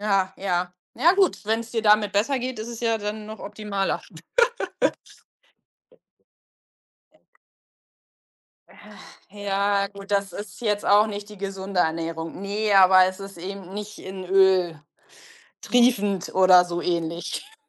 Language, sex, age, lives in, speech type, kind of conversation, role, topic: German, female, 45-49, Germany, conversation, unstructured, none, Wie stehst du zu Fastfood in deinem Leben?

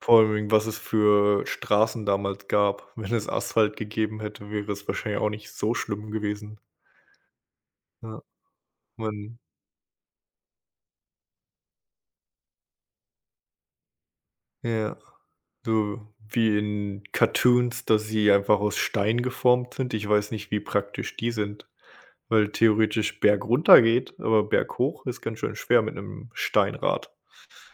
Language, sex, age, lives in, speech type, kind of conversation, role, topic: German, male, 25-29, United States, conversation, unstructured, none, Wie hat die Erfindung des Rades die Geschichte geprägt?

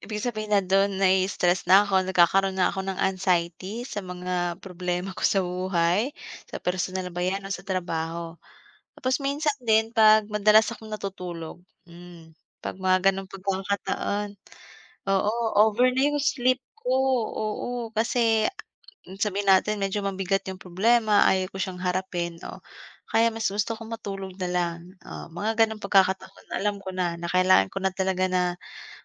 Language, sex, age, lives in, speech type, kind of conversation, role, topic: Filipino, female, 25-29, Philippines, conversation, unstructured, none, Ano ang mga palatandaan na kailangan mo nang humingi ng tulong?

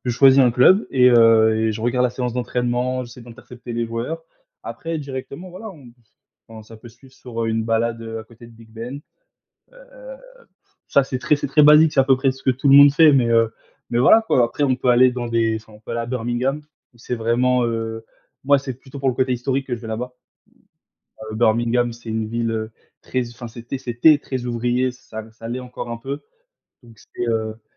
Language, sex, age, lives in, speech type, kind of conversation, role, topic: French, male, 20-24, France, conversation, unstructured, none, Quel est ton endroit préféré pour voyager, et pourquoi ?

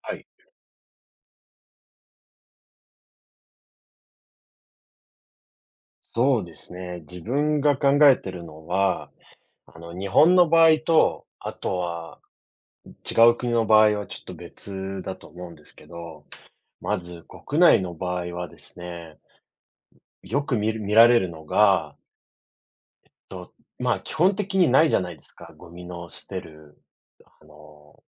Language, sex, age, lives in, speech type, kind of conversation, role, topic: Japanese, male, 30-34, Japan, conversation, unstructured, none, ごみのポイ捨てはなぜなくならないのでしょうか？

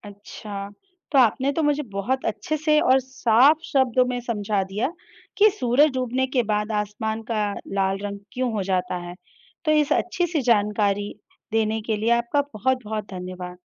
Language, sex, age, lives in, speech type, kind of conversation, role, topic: Hindi, female, 35-39, India, read, expert-assistant, asker, सूरज डूबने के बाद आसमान लाल क्यों हो जाता है?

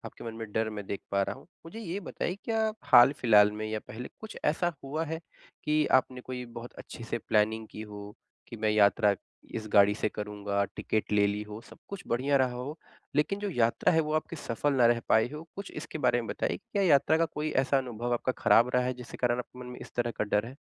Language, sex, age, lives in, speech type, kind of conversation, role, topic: Hindi, male, 25-29, India, conversation, advice, advisor, सीमित समय में छुट्टी का पूरा आनंद कैसे लिया जाए?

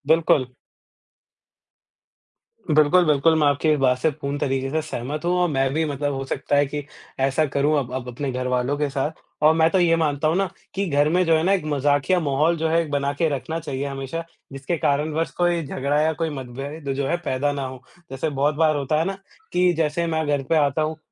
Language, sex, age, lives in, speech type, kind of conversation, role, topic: Hindi, male, 20-24, India, conversation, unstructured, none, परिवार में मतभेद कैसे सुलझाए जा सकते हैं?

